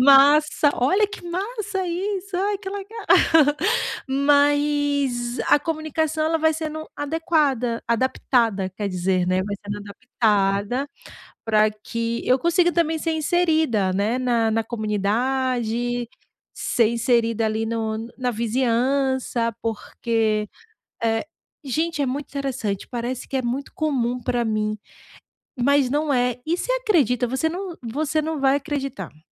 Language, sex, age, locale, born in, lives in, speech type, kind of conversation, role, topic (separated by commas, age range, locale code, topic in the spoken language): Portuguese, female, 35-39, pt-BR, Brazil, Portugal, conversation, advice, user, Como posso adaptar minha comunicação verbal e não verbal em um novo país?